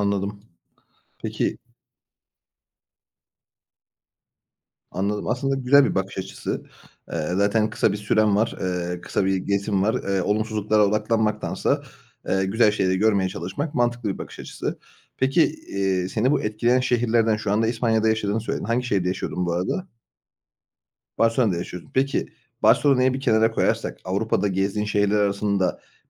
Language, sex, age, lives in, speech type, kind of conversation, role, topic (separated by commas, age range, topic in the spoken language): Turkish, male, 30-34, Bulgaria, conversation, podcast, host, Bir şehir seni hangi yönleriyle etkiler?